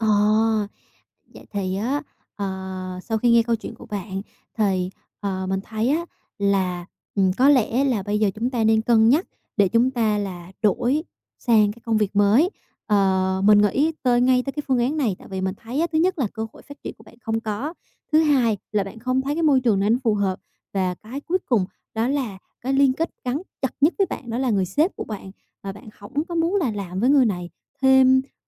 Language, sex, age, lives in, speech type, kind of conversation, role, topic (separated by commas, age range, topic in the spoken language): Vietnamese, female, 25-29, Vietnam, conversation, advice, advisor, Tôi nên rời công ty này hay ở lại để tiếp tục phát triển?